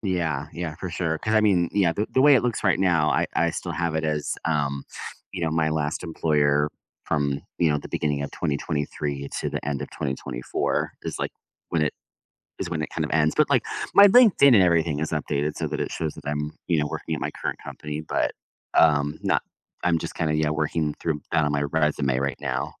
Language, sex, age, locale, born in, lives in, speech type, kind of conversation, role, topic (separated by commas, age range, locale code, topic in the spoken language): English, male, 30-34, en-US, United States, United States, conversation, advice, user, How can I find a new career direction?